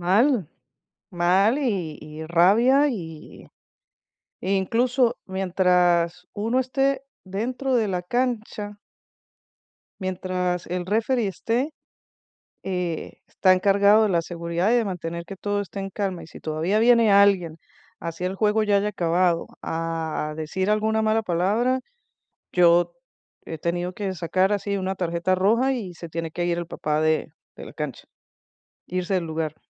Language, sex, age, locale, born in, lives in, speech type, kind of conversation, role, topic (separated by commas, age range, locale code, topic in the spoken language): Spanish, female, 50-54, es-VE, Venezuela, United States, conversation, advice, user, ¿Cómo puedo mantener la calma ante comentarios negativos sin reaccionar impulsivamente?